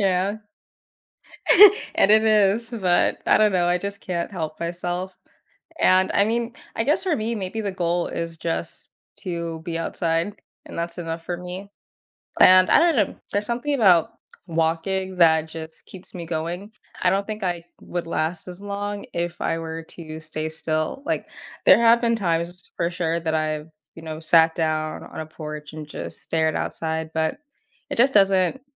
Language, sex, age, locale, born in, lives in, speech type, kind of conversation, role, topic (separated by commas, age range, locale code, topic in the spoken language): English, female, 20-24, en-US, United States, United States, conversation, podcast, guest, How does spending time in nature impact your well-being and perspective?